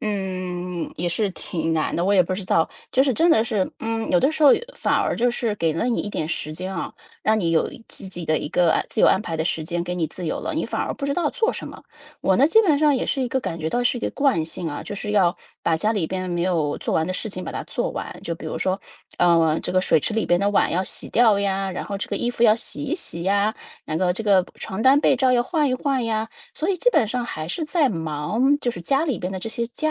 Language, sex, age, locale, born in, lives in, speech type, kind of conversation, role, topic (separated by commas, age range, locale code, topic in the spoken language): Chinese, female, 35-39, zh-CN, China, United States, conversation, advice, user, 我总是把自己放在最后，感到失衡，该怎么办？